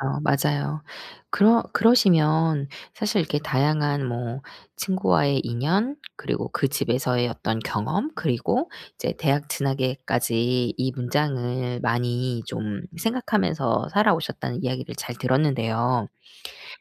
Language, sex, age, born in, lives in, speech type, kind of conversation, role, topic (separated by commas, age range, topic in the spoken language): Korean, female, 40-44, South Korea, South Korea, conversation, podcast, host, 당신을 가장 잘 설명하는 한 문장은 무엇인가요?